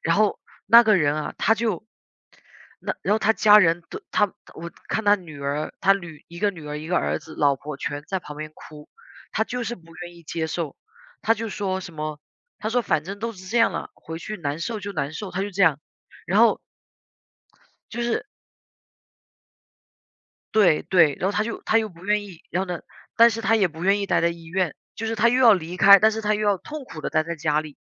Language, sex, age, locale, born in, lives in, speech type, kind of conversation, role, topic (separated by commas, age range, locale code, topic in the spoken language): Chinese, female, 30-34, zh-CN, China, United States, conversation, unstructured, none, 你觉得谈论死亡会不会帮助减轻恐惧？